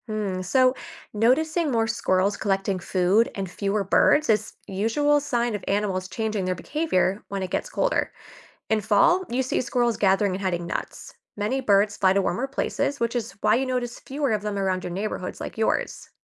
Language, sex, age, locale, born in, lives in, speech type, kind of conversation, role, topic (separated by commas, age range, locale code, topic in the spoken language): English, female, 25-29, en-US, United States, United States, read, expert-assistant, expert, How do seasons influence animal migration and behavior?